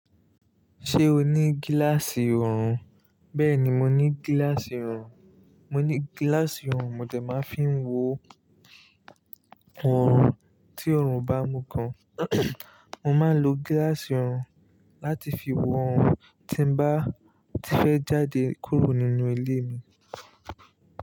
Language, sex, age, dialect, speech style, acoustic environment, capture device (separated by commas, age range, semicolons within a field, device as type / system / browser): Yoruba, male, 25-34, Nigeria - Lagos Yoruba, relaxed, nature, mobile / Linux / Chrome